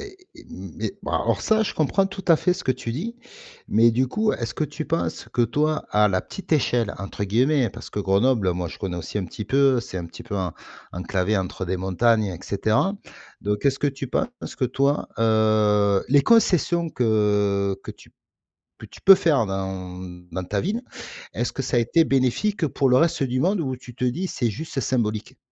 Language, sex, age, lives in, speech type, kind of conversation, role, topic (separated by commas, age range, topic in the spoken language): French, male, 45-49, France, conversation, podcast, host, Comment une ville peut-elle devenir plus verte ?